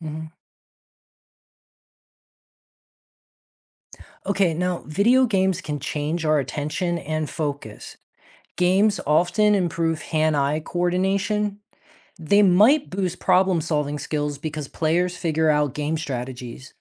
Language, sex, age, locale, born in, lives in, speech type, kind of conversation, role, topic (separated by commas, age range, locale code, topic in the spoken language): English, male, 40-44, en-US, United States, United States, read, expert-assistant, expert, How do video games impact cognitive development?